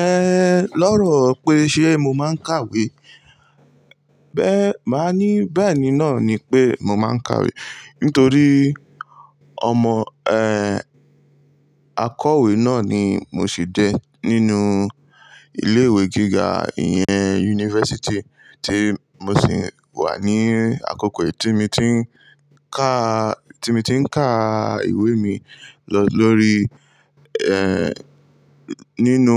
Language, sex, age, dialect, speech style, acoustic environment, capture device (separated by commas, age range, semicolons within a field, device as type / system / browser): Yoruba, male, 18-24, Nigeria - Lagos Yoruba, relaxed, nature, mobile / Linux / Chrome